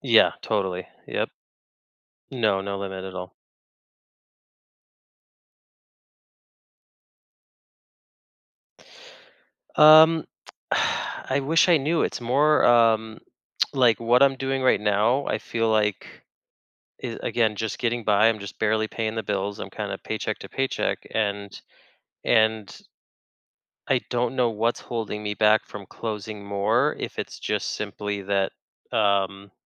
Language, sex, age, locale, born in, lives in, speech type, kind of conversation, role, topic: English, male, 35-39, en-US, United States, United States, conversation, advice, user, How can I find more purpose in my career?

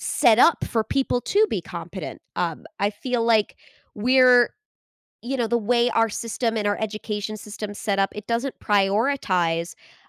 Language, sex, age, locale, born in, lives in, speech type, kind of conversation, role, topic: English, female, 25-29, en-US, United States, United States, conversation, podcast, guest, Why do so many people believe myths about major moments in history?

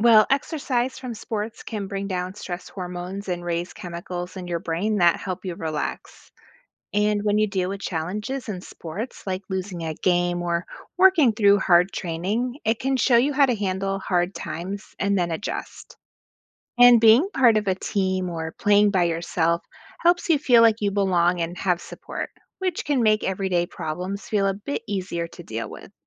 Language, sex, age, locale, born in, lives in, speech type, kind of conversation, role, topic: English, female, 40-44, en-US, United States, United States, read, expert-assistant, expert, What are the benefits of participating in sports?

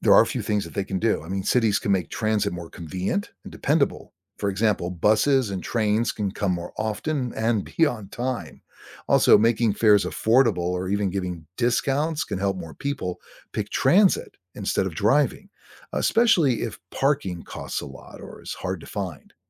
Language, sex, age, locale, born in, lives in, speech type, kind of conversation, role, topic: English, male, 60-64, en-US, United States, United States, read, expert-assistant, expert, How does public transportation benefit cities?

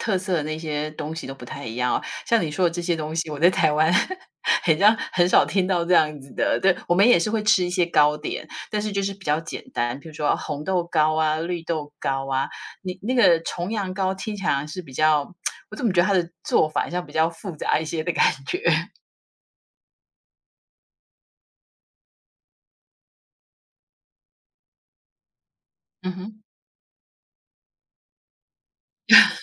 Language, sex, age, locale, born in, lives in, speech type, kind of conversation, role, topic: Chinese, female, 50-54, zh-TW, Taiwan, United States, conversation, unstructured, none, 你最喜欢的家乡味道是什么？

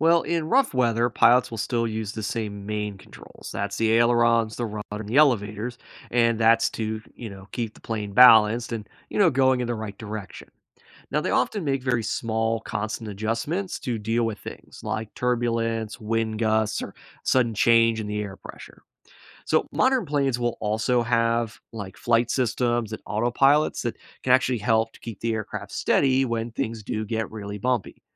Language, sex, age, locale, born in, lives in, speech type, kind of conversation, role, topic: English, male, 30-34, en-US, United States, United States, read, expert-assistant, expert, How do airplanes fly and how do pilots handle challenges in the air?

